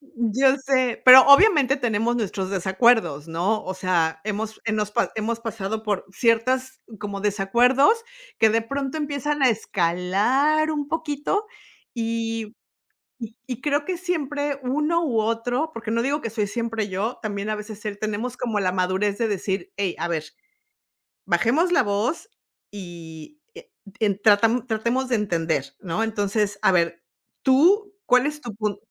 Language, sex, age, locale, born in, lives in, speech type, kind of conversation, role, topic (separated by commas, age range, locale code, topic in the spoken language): Spanish, female, 50-54, es-MX, Mexico, United States, conversation, unstructured, none, ¿Qué papel juega la comunicación al momento de resolver problemas?